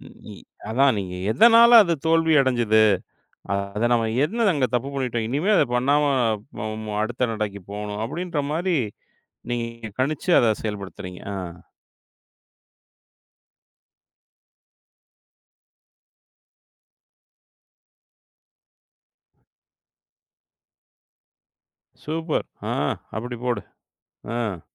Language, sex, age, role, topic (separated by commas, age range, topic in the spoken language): Tamil, male, 40-44, host, மீண்டும் தொடங்கிக்கொள்ளும் தைரியம் உங்களுக்கு எங்கிருந்து வந்தது?